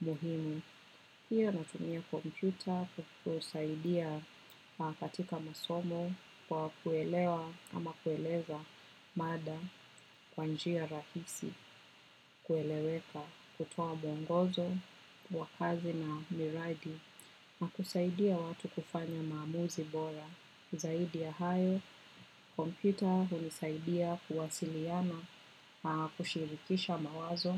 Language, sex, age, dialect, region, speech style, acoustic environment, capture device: Swahili, female, 25-34, Kenya - Nairobi Sheng-influenced, Kenya, relaxed, silence, desktop / macOS / Chrome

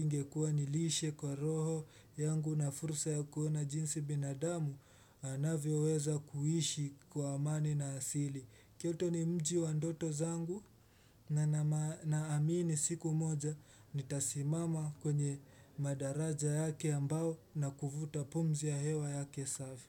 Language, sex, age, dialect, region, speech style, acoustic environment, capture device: Swahili, male, 18-24, Kenya - Mombasa, Kenya, relaxed; focused, silence; appliances, desktop / Windows / Chrome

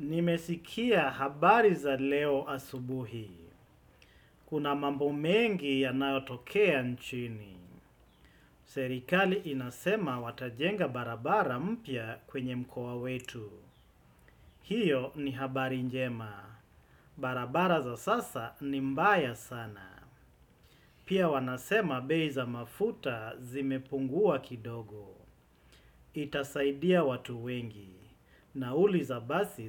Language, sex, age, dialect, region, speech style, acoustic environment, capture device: Swahili, male, 35-44, Kenya - Mombasa, Kenya, excited, silence, desktop / Windows / Chrome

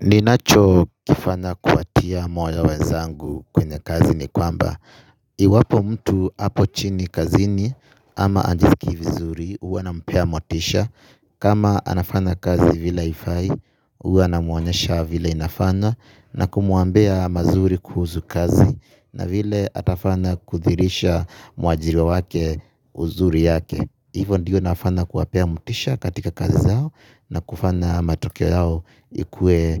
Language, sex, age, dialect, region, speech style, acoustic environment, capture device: Swahili, male, 25-34, Kenya - Nairobi Sheng-influenced, Kenya, excited, people talking, mobile / Linux / Chrome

